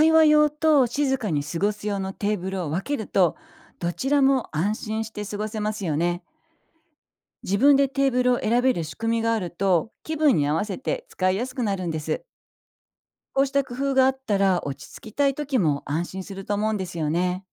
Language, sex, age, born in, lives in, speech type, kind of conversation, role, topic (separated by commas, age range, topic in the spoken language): Japanese, female, 55-59, Japan, Japan, read, expert-assistant, expert, 都会のカフェで知らない人同士が自然に会話できる場はどう作れますか？